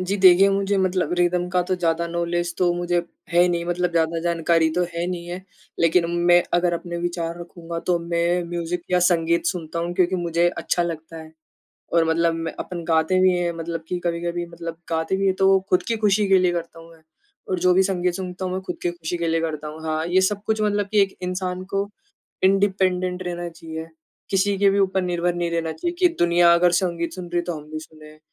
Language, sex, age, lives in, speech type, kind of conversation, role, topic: Hindi, male, 20-24, India, conversation, unstructured, none, आपके लिए किताब पढ़ना अधिक आनंददायक है या संगीत सुनना?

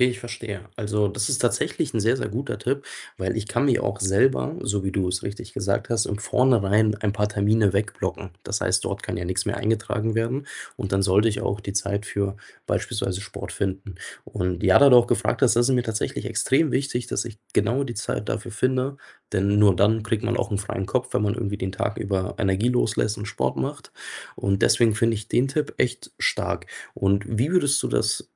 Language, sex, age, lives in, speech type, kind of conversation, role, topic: German, male, 25-29, Germany, conversation, advice, user, Wie schaffst du es, Sport in deinen engen Arbeits- und Familienalltag einzubauen?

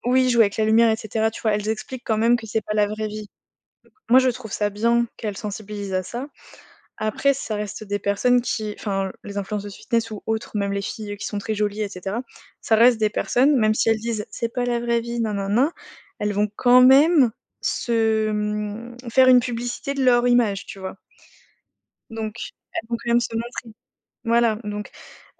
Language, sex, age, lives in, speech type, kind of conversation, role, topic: French, female, 20-24, France, conversation, unstructured, none, Que penses-tu des réseaux sociaux dans la vie quotidienne ?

